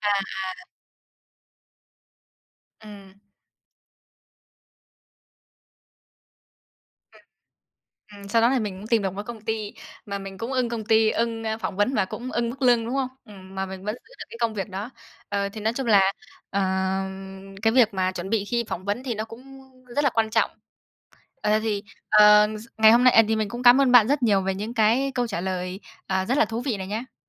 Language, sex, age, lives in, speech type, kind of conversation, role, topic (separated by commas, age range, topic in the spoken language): Vietnamese, female, 20-24, Vietnam, conversation, podcast, host, Lần phỏng vấn nào là đáng nhớ nhất đối với bạn?